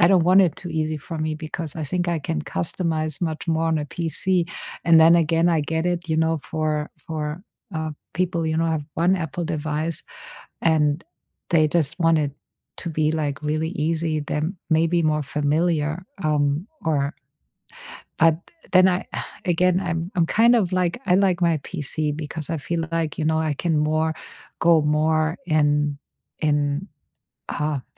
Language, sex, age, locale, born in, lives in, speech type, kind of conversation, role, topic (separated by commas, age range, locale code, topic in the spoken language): English, female, 50-54, en-DE, Germany, United States, conversation, unstructured, none, How does technology shape your daily work, and where do you still add a human touch?